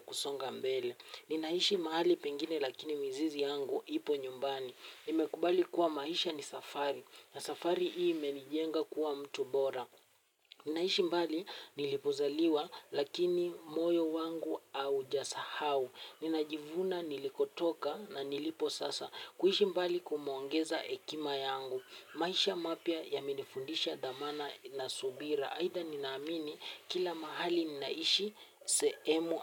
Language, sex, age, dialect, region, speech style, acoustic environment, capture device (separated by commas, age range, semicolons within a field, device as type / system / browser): Swahili, male, 18-24, Kenya - Nairobi Sheng-influenced, Kenya, relaxed; happy, music; nature, mobile / Linux / Chrome